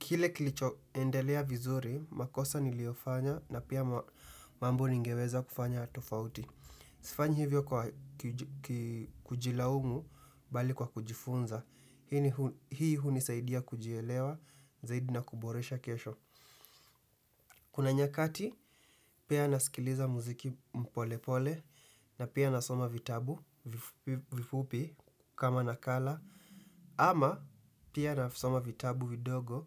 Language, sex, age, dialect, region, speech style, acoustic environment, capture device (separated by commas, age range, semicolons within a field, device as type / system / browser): Swahili, male, 18-24, Kenya - Nairobi Sheng-influenced, Kenya, happy; excited; tired, silence, desktop / Windows / Chrome